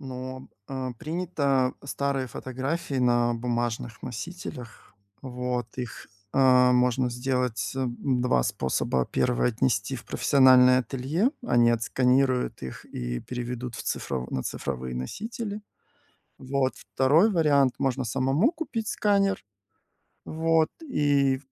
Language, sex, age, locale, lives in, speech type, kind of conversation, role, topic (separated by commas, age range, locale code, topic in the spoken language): Russian, male, 45-49, ru-RU, Spain, conversation, advice, advisor, Как мне отпустить вещи, к которым я эмоционально привязан(а), и начать расхламление?